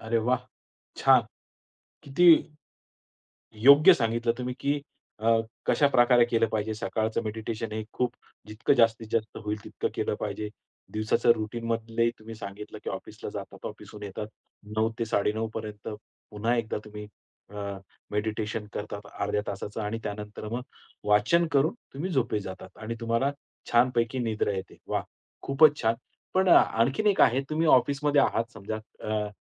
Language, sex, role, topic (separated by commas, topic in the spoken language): Marathi, male, host, अनिद्रा असताना ध्यान कसं मदत करू शकते?